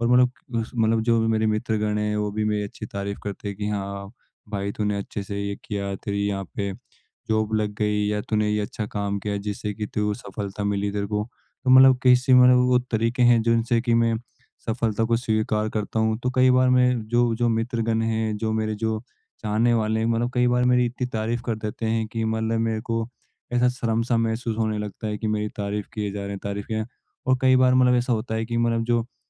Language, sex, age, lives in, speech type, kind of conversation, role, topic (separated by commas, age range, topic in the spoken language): Hindi, male, 18-19, India, conversation, advice, user, मैं तारीफ मिलते समय कैसे सहज और कृतज्ञ रह सकता/सकती हूँ?